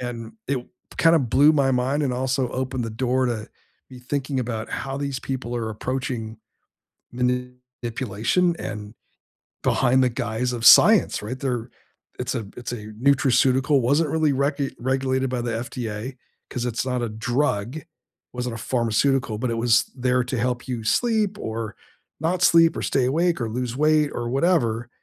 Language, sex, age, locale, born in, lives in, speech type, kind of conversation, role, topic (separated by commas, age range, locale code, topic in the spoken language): English, male, 60-64, en-US, United States, United States, conversation, unstructured, none, What happens when science is used to control or manipulate people?